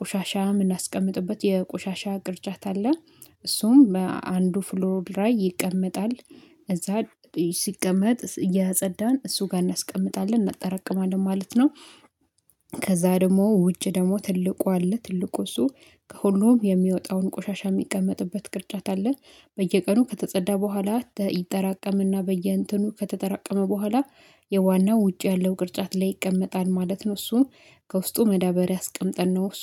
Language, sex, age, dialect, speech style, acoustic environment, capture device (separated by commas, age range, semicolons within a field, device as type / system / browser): Amharic, female, 25-34, Ethiopia - Addis Ababa, happy; excited, silence, mobile / Linux / Chrome